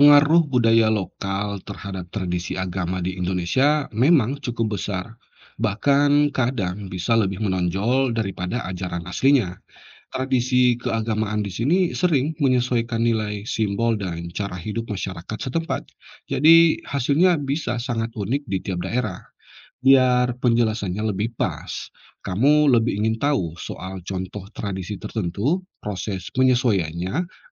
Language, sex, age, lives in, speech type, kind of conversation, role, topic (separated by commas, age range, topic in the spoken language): Indonesian, male, 35-39, Indonesia, read, expert-assistant, expert, Bagaimana proses penyebaran dan penyesuaian agama dengan budaya lokal di Indonesia terjadi?